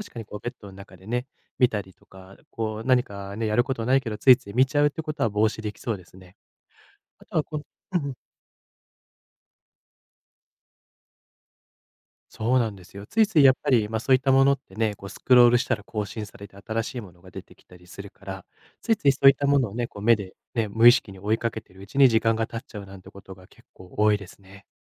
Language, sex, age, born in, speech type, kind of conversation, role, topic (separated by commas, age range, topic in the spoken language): Japanese, male, 25-29, Japan, conversation, advice, user, 就寝前に心を落ち着ける習慣は何から始めればいいですか？